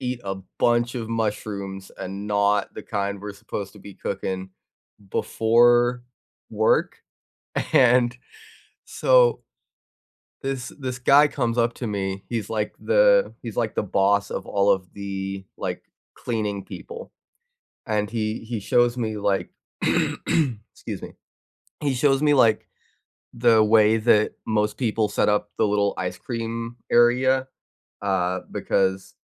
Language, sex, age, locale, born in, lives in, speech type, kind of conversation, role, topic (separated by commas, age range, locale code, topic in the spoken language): English, other, 20-24, en-US, United States, United States, conversation, unstructured, none, When did you turn an awkward mistake into a joke, and what happened next?